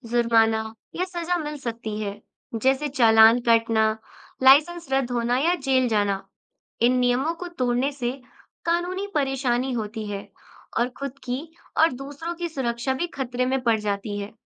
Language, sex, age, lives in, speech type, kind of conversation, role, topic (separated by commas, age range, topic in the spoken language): Hindi, female, 20-24, India, read, expert-assistant, expert, सड़क सुरक्षा नियमों का पालन क्यों करना चाहिए?